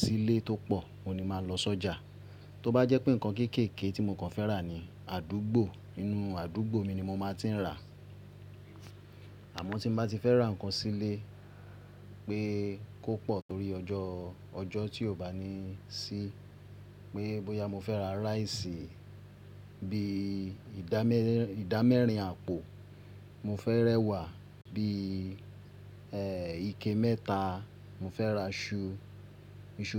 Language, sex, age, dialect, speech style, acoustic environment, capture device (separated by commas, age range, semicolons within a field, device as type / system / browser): Yoruba, male, 35-44, Nigeria - Ibadan, relaxed; happy, nature; people talking; appliances, mobile / Linux / Chrome